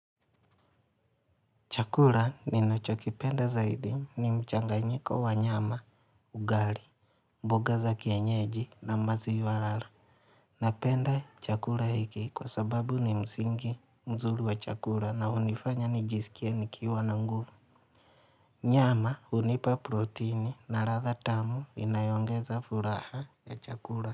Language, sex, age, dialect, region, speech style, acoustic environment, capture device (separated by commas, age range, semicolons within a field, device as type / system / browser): Swahili, male, 25-34, Kenya - Mombasa, Kenya, relaxed; focused, nature; silence, mobile / Linux / Chrome